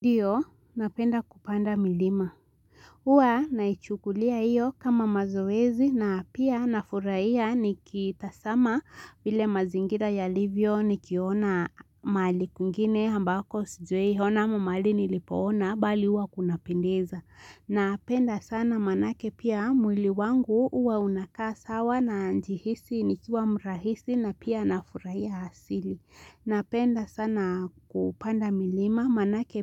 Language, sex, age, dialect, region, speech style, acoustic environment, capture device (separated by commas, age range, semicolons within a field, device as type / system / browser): Swahili, female, 18-24, Kenya - Nairobi Sheng-influenced, Kenya, focused; relaxed, silence, mobile / Linux / Chrome